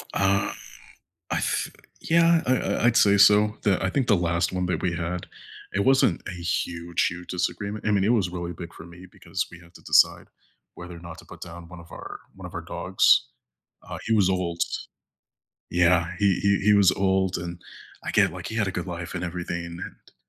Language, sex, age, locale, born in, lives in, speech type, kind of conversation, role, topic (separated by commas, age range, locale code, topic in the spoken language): English, male, 25-29, en-US, United States, United States, conversation, unstructured, none, What do you do when family disagreements start to feel overwhelming?